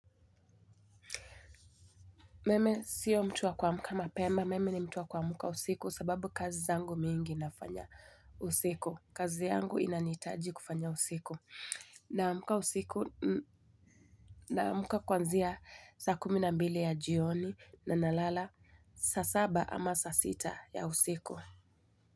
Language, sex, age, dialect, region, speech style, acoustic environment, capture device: Swahili, female, 25-34, Kenya - Mombasa, Kenya, happy, silence, mobile / Linux / Chrome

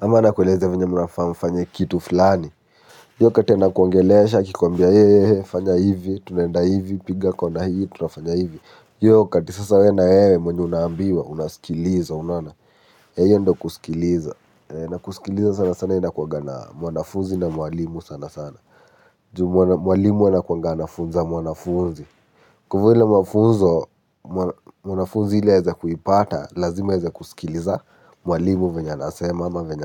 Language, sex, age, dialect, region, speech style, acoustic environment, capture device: Swahili, male, 18-24, Kenya - Nairobi Sheng-influenced, Kenya, happy, nature, mobile / Linux / Chrome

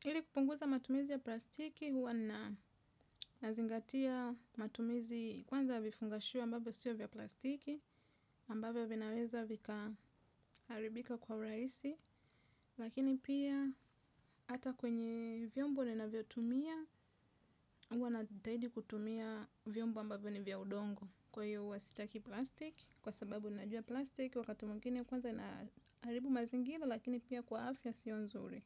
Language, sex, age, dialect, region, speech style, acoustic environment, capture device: Swahili, female, 25-34, Tanzania - Dar es Salaam, Tanzania, relaxed, silence; nature; appliances, mobile / Linux / Chrome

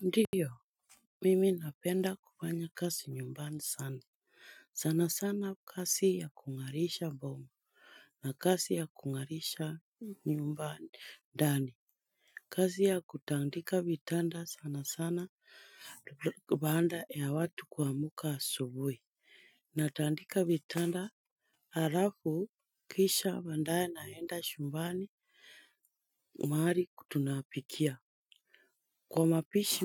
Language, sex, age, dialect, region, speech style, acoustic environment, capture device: Swahili, female, 25-34, Kenya - Nairobi Sheng-influenced, Kenya, nervous; excited, silence, mobile / Linux / Chrome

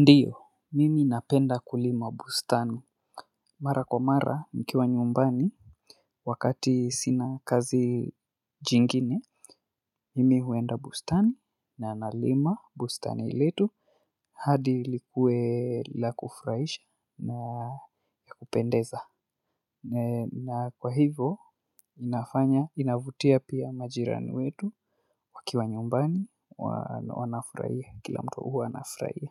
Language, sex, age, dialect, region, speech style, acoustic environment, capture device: Swahili, male, 25-34, Kenya - Nairobi Sheng-influenced, Kenya, excited; focused; relaxed, silence, mobile / Linux / Chrome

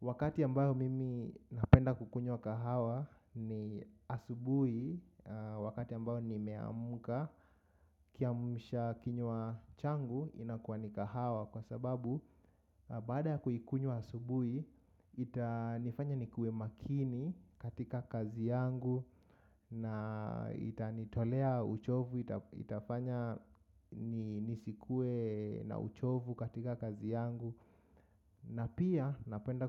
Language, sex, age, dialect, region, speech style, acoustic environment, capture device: Swahili, male, 25-34, Kenya - Nairobi Sheng-influenced, Kenya, focused, nature, mobile / macOS / Safari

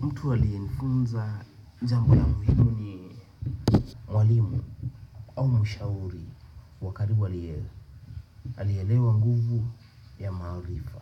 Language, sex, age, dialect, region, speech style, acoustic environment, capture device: Swahili, male, 25-34, Kenya - Nairobi Sheng-influenced, Kenya, nervous, nature, desktop / Windows / Chrome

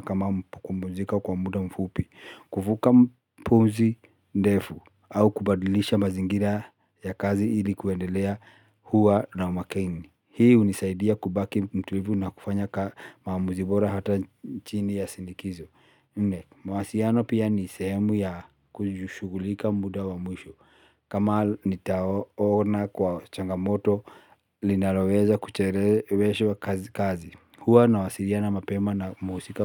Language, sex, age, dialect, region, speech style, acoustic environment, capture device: Swahili, male, 35-44, Kenya - Nairobi Sheng-influenced, Kenya, happy, silence, mobile / Linux / Chrome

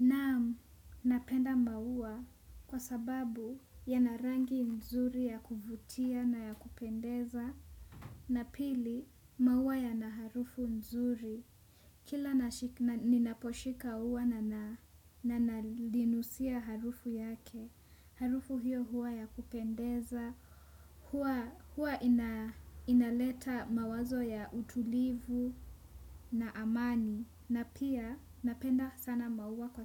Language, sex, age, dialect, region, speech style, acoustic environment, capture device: Swahili, female, 18-24, Kenya - Nairobi Sheng-influenced, Kenya, relaxed; focused, traffic; nature, desktop / Windows / Chrome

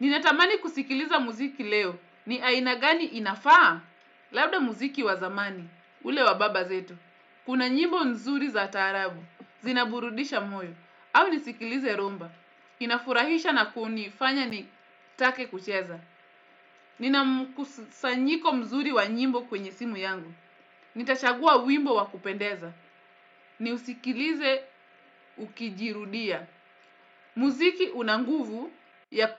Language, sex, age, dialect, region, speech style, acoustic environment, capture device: Swahili, female, 25-34, Kenya - Mombasa, Kenya, relaxed, silence, desktop / Windows / Edge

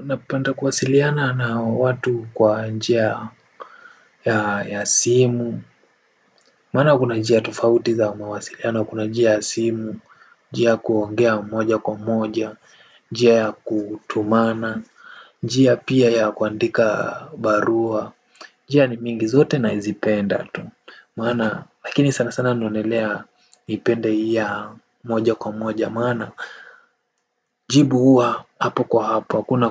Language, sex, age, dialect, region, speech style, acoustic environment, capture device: Swahili, male, 18-24, Kenya - Nairobi Sheng-influenced, Kenya, relaxed, silence, desktop / Windows / Firefox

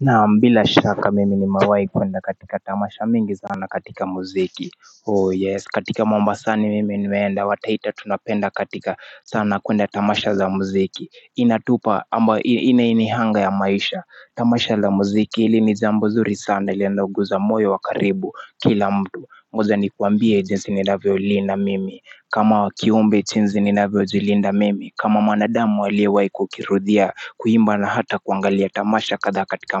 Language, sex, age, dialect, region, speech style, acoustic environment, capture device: Swahili, male, 25-34, Kenya - Mombasa, Kenya, relaxed, nature, mobile / Linux / Chrome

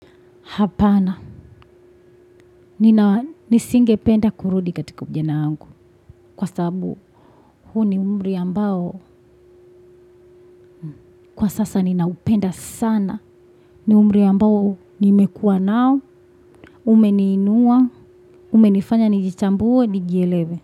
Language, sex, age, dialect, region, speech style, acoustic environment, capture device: Swahili, female, 25-34, Tanzania - Dar es Salaam, Tanzania, focused, appliances, desktop / Windows / Chrome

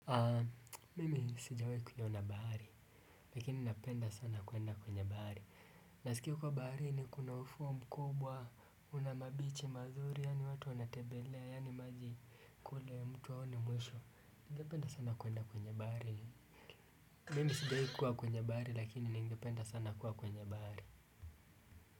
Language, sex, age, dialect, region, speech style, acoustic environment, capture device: Swahili, male, 18-24, Kenya - Mombasa, Kenya, happy, silence, desktop / Windows / Chrome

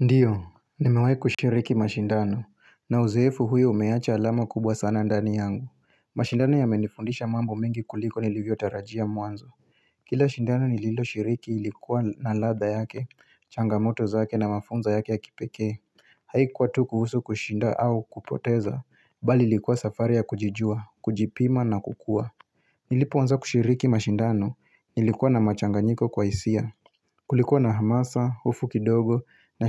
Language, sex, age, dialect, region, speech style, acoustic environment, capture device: Swahili, male, 25-34, Kenya - Mombasa, Kenya, happy, silence, mobile / Linux / Chrome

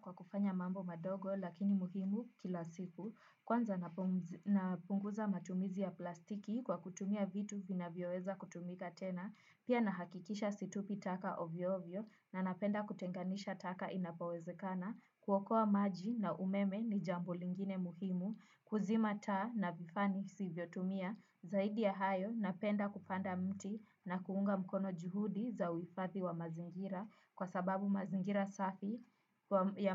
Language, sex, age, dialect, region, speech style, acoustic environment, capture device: Swahili, female, 18-24, Kenya - Nairobi Sheng-influenced, Kenya, excited, silence; nature, desktop / Windows / Chrome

